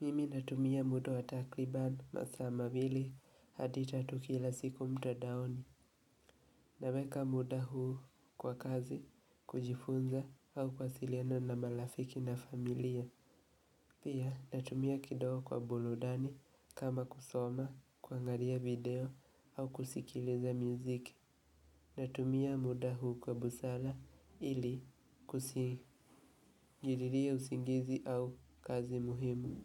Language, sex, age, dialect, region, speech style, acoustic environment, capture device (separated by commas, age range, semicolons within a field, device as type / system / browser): Swahili, male, 18-24, Kenya - Nairobi Sheng-influenced, Kenya, relaxed; nervous, nature, mobile / Linux / Chrome